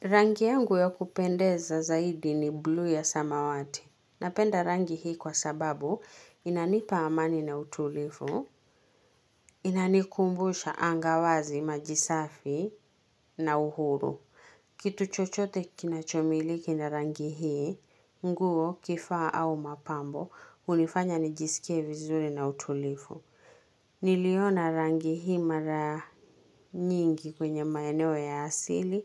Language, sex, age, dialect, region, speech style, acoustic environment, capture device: Swahili, female, 25-34, Kenya - Nairobi Sheng-influenced, Kenya, happy, silence, mobile / Linux / Chrome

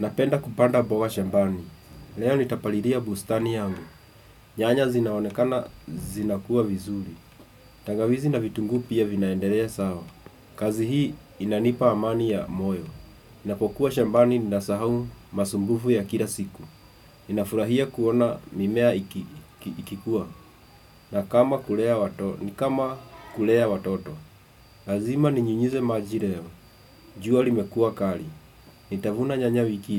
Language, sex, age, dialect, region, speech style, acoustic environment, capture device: Swahili, male, 25-34, Kenya - Nairobi Sheng-influenced, Kenya, relaxed, nature, desktop / Windows / Chrome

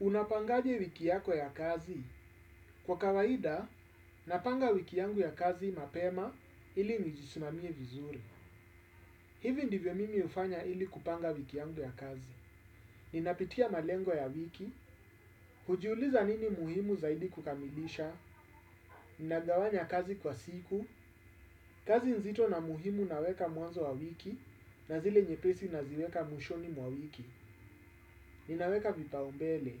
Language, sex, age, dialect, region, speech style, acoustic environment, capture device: Swahili, male, 35-44, Kenya - Nairobi Sheng-influenced, Kenya, focused, appliances, desktop / Windows / Chrome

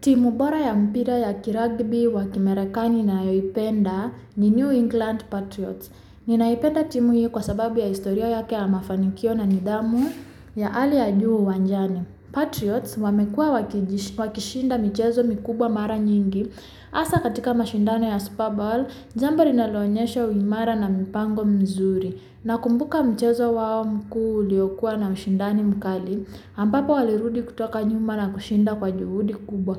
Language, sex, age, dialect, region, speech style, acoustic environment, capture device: Swahili, female, 25-34, Kenya - Nairobi Sheng-influenced, Kenya, happy, silence, mobile / Linux / Chrome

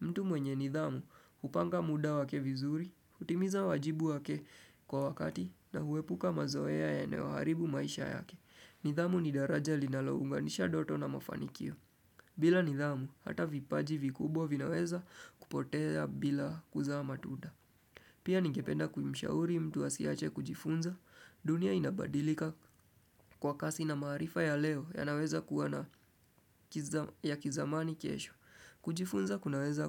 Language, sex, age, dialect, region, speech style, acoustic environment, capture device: Swahili, male, 25-34, Kenya - Nairobi Sheng-influenced, Kenya, relaxed, nature; music; appliances, desktop / Windows / Chrome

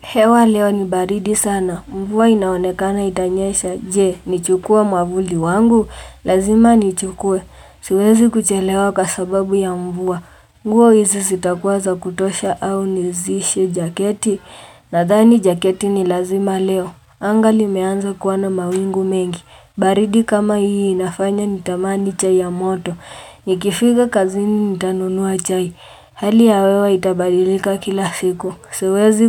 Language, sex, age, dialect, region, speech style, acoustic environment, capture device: Swahili, female, 18-24, Kenya - Nairobi Sheng-influenced, Kenya, relaxed, silence, mobile / Linux / Chrome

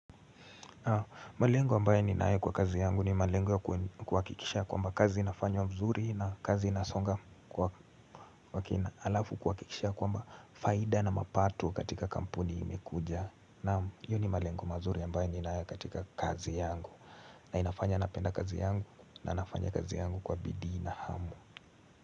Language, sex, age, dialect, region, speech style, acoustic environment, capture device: Swahili, male, 18-24, Kenya - Nairobi Sheng-influenced, Kenya, relaxed; focused; excited, nature; silence, mobile / Linux / Chrome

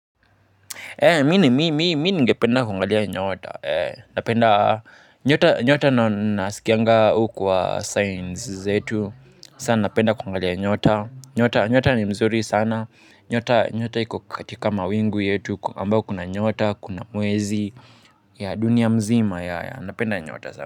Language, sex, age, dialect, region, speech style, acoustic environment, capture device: Swahili, male, 18-24, Kenya - Nairobi Sheng-influenced, Kenya, happy, silence, mobile / Linux / Chrome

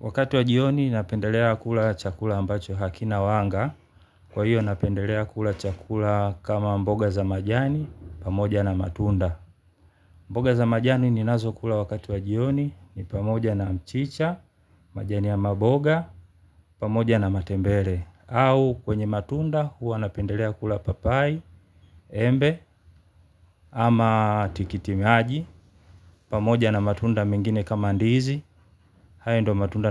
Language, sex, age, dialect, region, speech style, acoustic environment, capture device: Swahili, male, 35-44, Tanzania - Dar es Salaam, Tanzania, happy; relaxed; focused, silence, mobile / Linux / Chrome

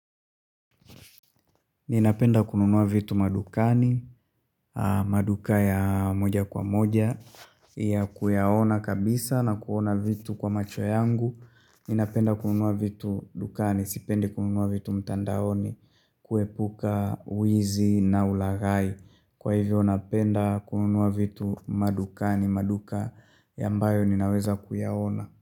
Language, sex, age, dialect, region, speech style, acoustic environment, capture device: Swahili, male, 25-34, Tanzania - Dar es Salaam, Tanzania, tired, silence, mobile / Linux / Chrome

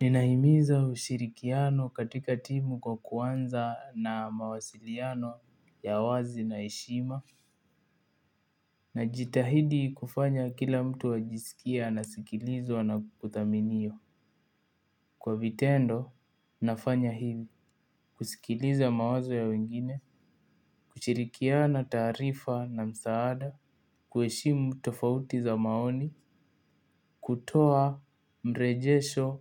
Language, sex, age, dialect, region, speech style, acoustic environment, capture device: Swahili, male, 18-24, Kenya - Nairobi Sheng-influenced, Kenya, focused, appliances; nature, mobile / Linux / Chrome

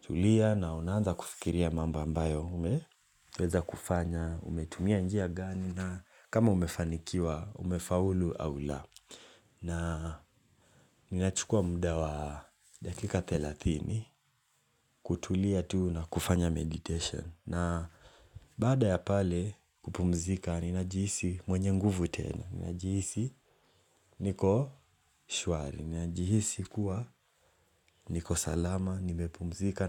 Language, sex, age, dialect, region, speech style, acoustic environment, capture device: Swahili, male, 18-24, Kenya - Mombasa, Kenya, relaxed, nature, mobile / Linux / Chrome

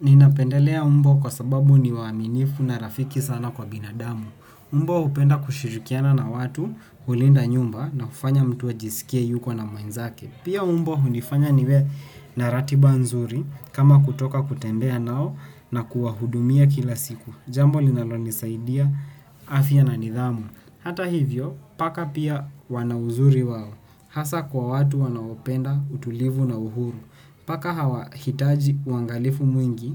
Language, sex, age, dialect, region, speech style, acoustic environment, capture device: Swahili, male, 18-24, Kenya - Nairobi Sheng-influenced, Kenya, tired, silence, desktop / Windows / Chrome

